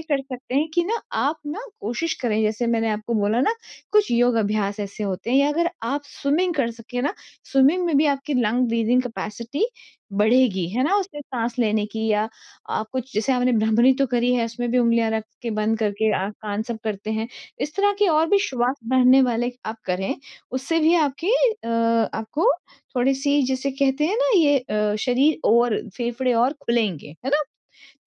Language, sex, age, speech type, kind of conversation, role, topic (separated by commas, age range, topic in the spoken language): Hindi, female, 40-44, conversation, advice, advisor, मैं यात्रा की चिंता और अनिश्चितता को कैसे कम करूँ?